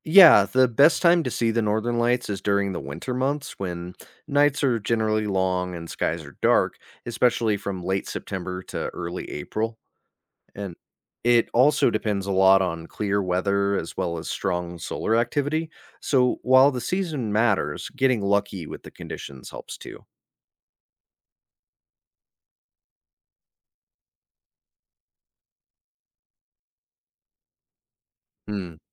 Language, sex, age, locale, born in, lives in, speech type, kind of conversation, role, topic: English, male, 30-34, en-US, United States, United States, read, expert-assistant, expert, What causes the Northern Lights to appear?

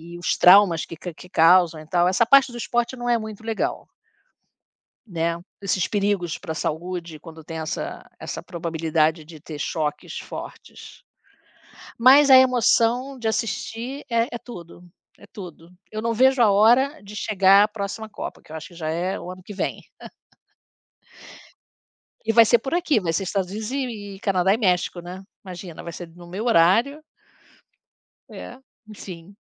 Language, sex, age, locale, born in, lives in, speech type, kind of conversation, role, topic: Portuguese, female, 60-64, pt-BR, Brazil, United States, conversation, unstructured, none, Qual é sua lembrança favorita relacionada a esportes?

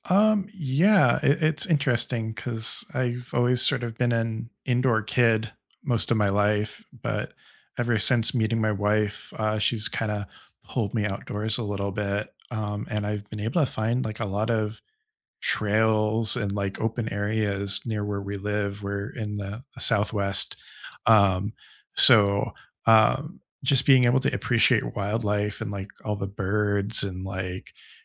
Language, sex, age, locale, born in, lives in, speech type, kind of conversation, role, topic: English, male, 35-39, en-US, United States, United States, conversation, unstructured, none, Which nature spots leave you feeling recharged, and what helps you reset when you’re there?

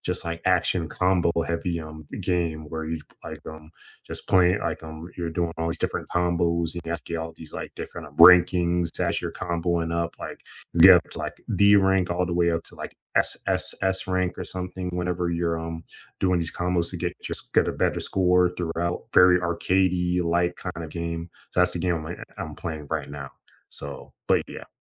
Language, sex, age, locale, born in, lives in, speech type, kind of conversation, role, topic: English, male, 25-29, en-US, United States, United States, conversation, unstructured, none, Which hobby have you rediscovered recently, and what brought it back into your life now?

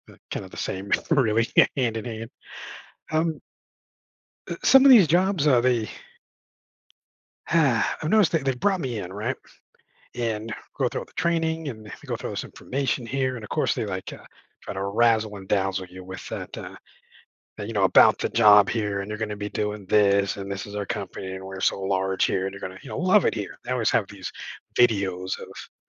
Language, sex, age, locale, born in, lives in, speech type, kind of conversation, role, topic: English, male, 40-44, en-US, United States, United States, conversation, advice, user, How can I address feeling unappreciated at work and get more recognition?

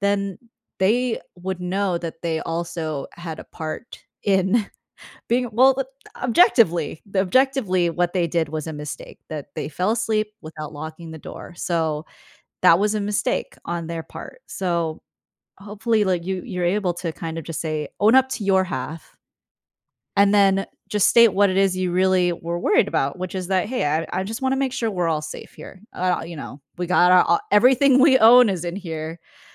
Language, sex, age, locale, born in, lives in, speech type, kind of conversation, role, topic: English, female, 25-29, en-US, United States, United States, conversation, advice, advisor, How can I apologize and repair a close friendship after a harsh argument?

